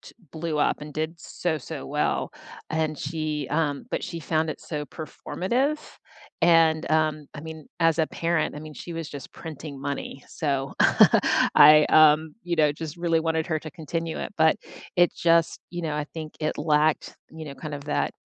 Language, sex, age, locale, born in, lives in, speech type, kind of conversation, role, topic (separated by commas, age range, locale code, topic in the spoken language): English, female, 50-54, en-US, United States, United States, conversation, unstructured, none, Which hobby did you leave behind, and what would it take to rekindle it?